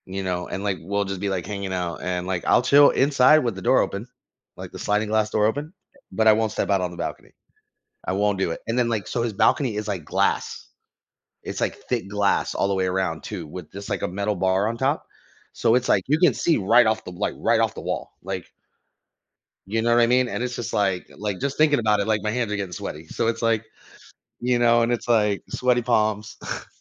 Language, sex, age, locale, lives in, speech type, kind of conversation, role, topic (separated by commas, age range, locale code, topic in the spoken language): English, male, 30-34, en-US, United States, conversation, advice, user, How can I overcome a fear that's interfering with my daily life?